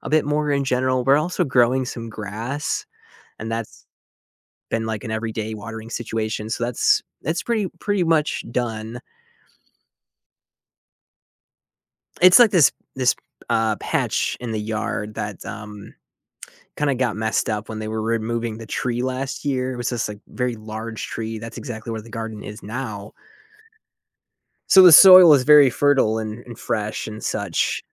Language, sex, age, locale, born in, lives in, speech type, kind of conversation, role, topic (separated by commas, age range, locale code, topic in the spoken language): English, male, 30-34, en-US, United States, United States, conversation, advice, user, How can I spend my free time more meaningfully?